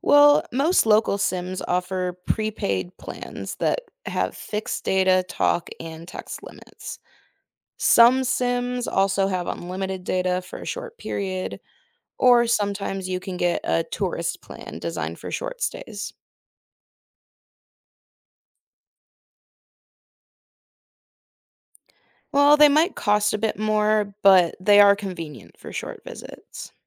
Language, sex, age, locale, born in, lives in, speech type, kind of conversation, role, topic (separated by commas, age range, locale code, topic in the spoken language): English, female, 20-24, en-US, United States, United States, read, expert-assistant, expert, How do I get local sim cards when traveling internationally?